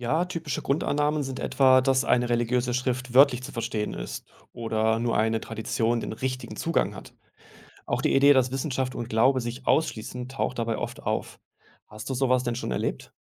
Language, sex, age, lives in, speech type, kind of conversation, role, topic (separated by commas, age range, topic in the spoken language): German, male, 35-39, Germany, read, expert-assistant, expert, Was macht eine gute Quelle aus, wenn es um Fragen des Glaubens geht?